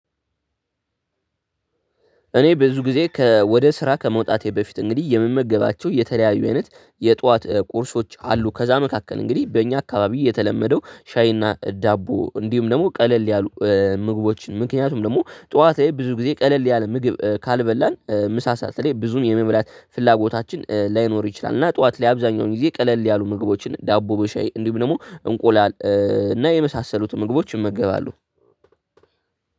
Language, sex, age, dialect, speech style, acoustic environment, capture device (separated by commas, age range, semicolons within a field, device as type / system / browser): Amharic, male, 18-24, Ethiopia - Addis Ababa, happy; relaxed; tired, nature, desktop / Linux / Chrome